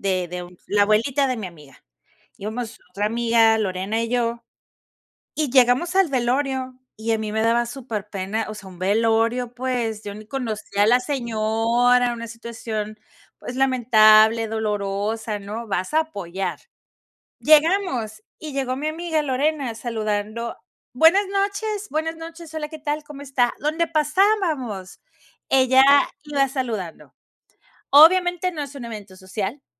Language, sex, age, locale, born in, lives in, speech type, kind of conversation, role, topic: Spanish, female, 45-49, es-MX, Mexico, Mexico, conversation, advice, advisor, ¿Cómo puedo dejar de sentirme excluido o desconectado en las fiestas?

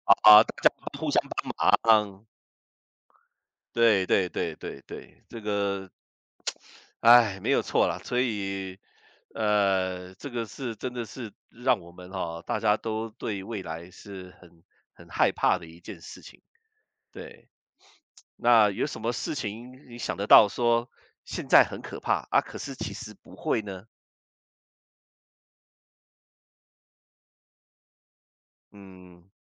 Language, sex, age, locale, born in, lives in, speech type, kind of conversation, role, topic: Chinese, male, 55-59, zh-CN, Taiwan, United States, conversation, unstructured, none, 你最害怕未来会发生什么？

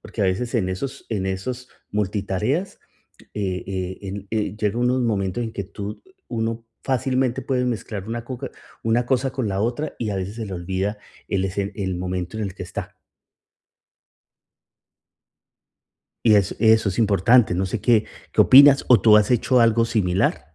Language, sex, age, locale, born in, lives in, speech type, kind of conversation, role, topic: Spanish, male, 45-49, es-CO, Colombia, United States, conversation, advice, advisor, ¿Cómo puedo evitar interrupciones mientras trabajo concentrado en casa?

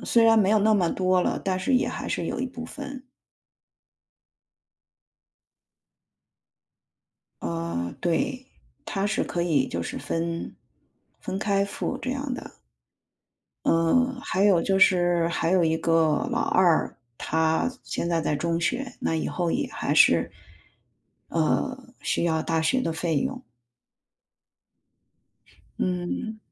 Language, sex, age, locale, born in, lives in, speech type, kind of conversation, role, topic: Chinese, female, 45-49, zh-CN, China, United States, conversation, advice, user, 你的财务状况突然发生变化后，是如何影响你的生活稳定性的？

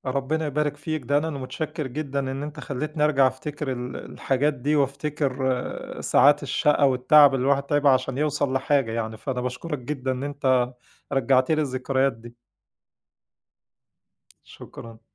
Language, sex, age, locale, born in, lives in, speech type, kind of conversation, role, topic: Arabic, male, 40-44, ar-EG, Egypt, Egypt, conversation, podcast, guest, إزاي تفضل متحفّز لما حماسك يروح في مشروعك؟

